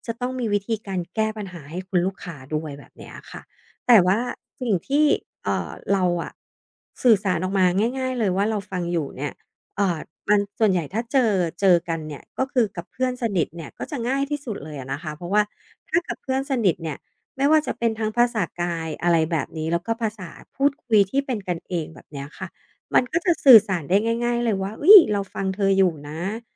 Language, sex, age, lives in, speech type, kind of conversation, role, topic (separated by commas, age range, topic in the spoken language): Thai, female, 40-44, Thailand, conversation, podcast, guest, คุณแสดงให้คนอื่นรู้ว่าคุณกำลังฟังอยู่ได้อย่างไร?